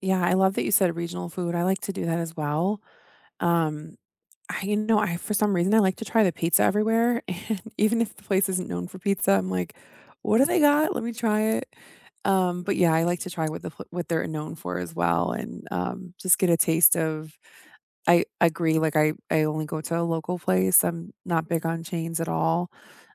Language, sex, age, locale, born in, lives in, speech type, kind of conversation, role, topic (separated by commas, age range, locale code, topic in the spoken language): English, female, 35-39, en-US, United States, United States, conversation, unstructured, none, How do you choose your next weekend getaway, and what stories and must-haves influence that decision?